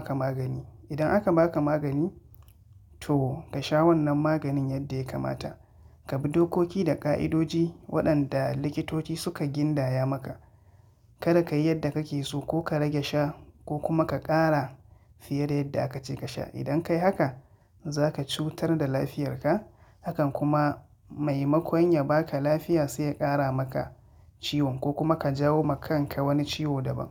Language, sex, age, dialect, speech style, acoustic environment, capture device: Hausa, male, 25-34, Nigeria - Katsina, relaxed, silence, mobile / Linux / Chrome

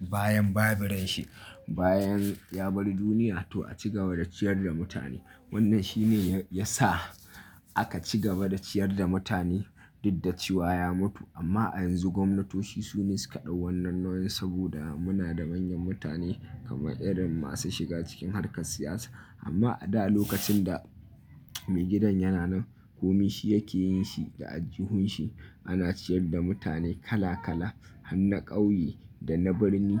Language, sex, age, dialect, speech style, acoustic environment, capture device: Hausa, male, 25-34, Nigeria - Nigerian Standard, relaxed, silence, mobile / Linux / Chrome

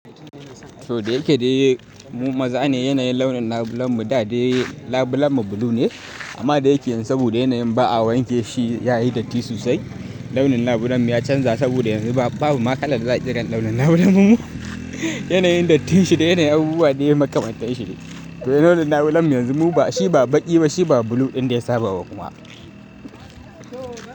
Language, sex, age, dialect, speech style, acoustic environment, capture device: Hausa, male, 18-24, Nigeria - Kano, focused, nature, mobile / Linux / Chrome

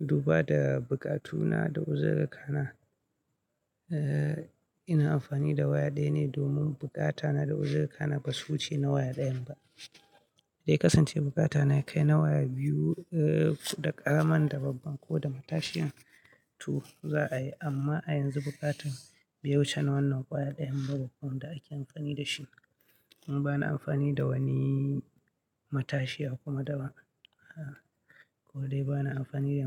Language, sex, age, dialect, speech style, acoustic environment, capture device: Hausa, male, 25-34, Nigeria - Katsina, happy; anxious; nervous; excited; focused; tired; stressed; relaxed, people talking; music; nature; silence; construction; appliances; traffic, mobile / Linux / Chrome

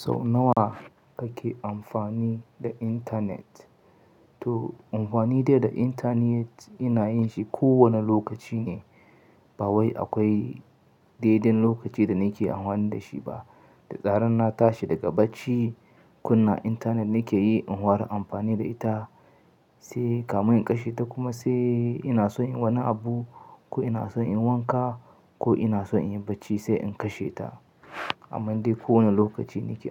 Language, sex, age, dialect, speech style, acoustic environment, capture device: Hausa, male, 25-34, Nigeria - Katsina, excited; nervous, nature; silence, mobile / Linux / Chrome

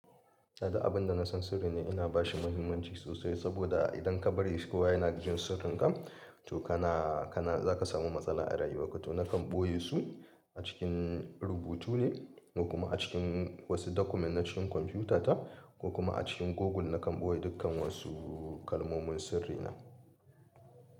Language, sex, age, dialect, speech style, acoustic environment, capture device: Hausa, male, 35-44, Nigeria - Kano, happy, people talking; appliances; nature, mobile / Linux / Chrome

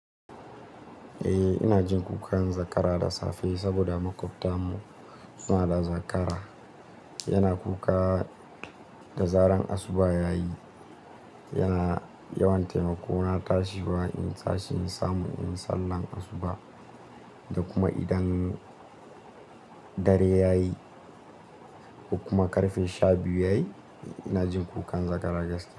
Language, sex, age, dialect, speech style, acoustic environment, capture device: Hausa, male, 18-24, Nigeria - Nigerian Standard, happy; excited; focused, silence; nature; appliances, mobile / Linux / Chrome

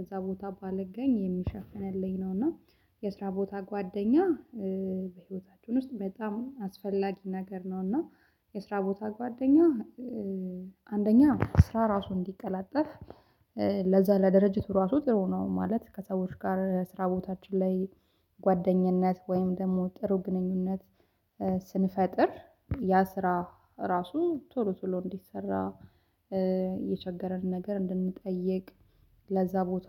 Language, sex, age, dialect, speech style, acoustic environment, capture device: Amharic, female, 25-34, Ethiopia - Addis Ababa, happy, silence, mobile / Linux / Chrome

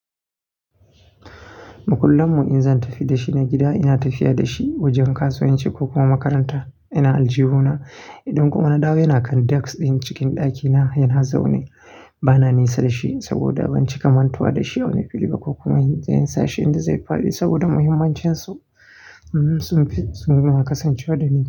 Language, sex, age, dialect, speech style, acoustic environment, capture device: Hausa, male, 25-34, Nigeria - Nigerian Standard, happy, people talking, mobile / Linux / Chrome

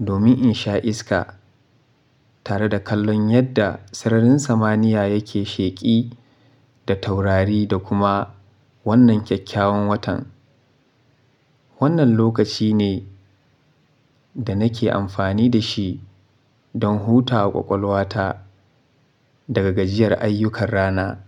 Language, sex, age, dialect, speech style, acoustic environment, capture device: Hausa, male, 25-34, Nigeria - Kano, happy, silence, mobile / Linux / Chrome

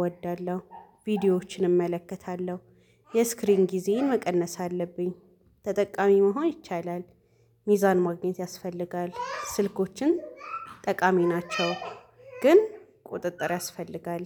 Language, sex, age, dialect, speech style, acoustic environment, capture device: Amharic, female, 25-34, Ethiopia - Addis Ababa, relaxed, people talking, mobile / Linux / Chrome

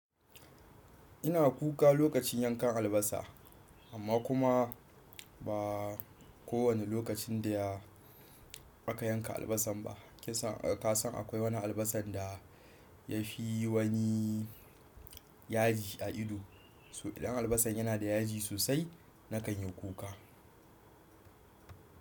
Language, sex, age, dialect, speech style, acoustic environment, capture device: Hausa, male, 18-24, Nigeria - Kano, excited, appliances, desktop / Windows / Chrome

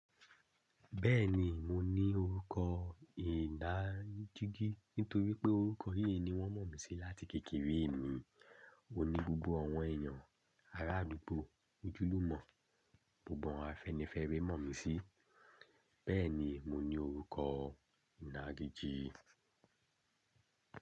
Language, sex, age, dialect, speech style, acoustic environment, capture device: Yoruba, male, 25-34, Nigeria - Lagos Yoruba, happy, silence; nature, desktop / Linux / Chrome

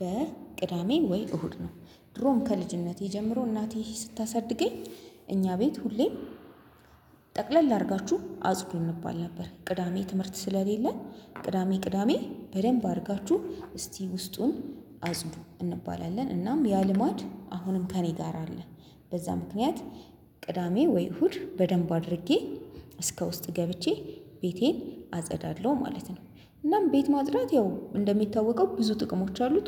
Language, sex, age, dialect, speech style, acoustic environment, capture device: Amharic, female, 25-34, Ethiopia - Addis Ababa, happy, silence, mobile / Linux / Chrome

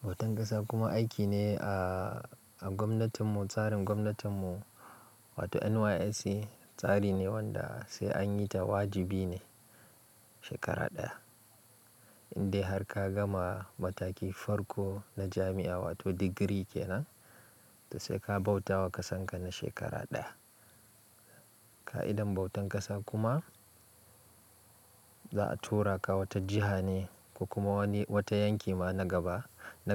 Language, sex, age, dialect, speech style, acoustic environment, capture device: Hausa, male, 25-34, Nigeria - Kano, relaxed; focused, silence, mobile / Linux / Chrome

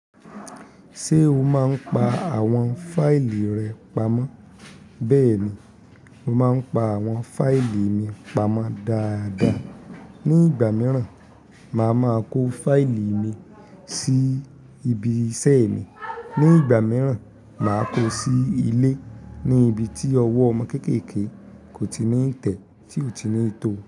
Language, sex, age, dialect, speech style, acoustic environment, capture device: Yoruba, male, 25-34, Nigeria - Ibadan, happy, people talking; silence, mobile / Linux / Chrome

